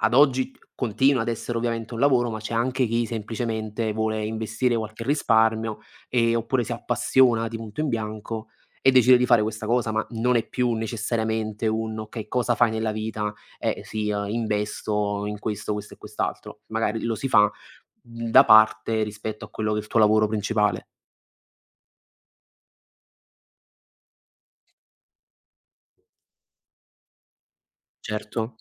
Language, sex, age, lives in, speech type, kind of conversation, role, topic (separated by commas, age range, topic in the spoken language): Italian, male, 20-24, Italy, conversation, unstructured, none, Che cosa ti entusiasma di più delle nuove tecnologie?